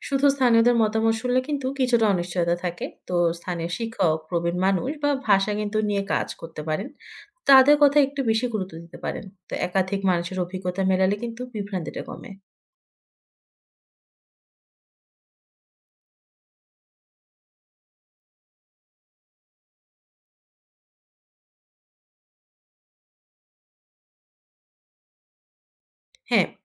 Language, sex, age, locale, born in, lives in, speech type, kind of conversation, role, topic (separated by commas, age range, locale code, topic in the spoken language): Bengali, female, 25-29, bn-BD, Bangladesh, Finland, read, expert-assistant, expert, ভাষা টিকে রাখতে সত্যিই কোন কোন উৎসের ওপর ভরসা করা যায়, আর কীভাবে বুঝব কোনটা ঠিক তথ্য দিচ্ছে?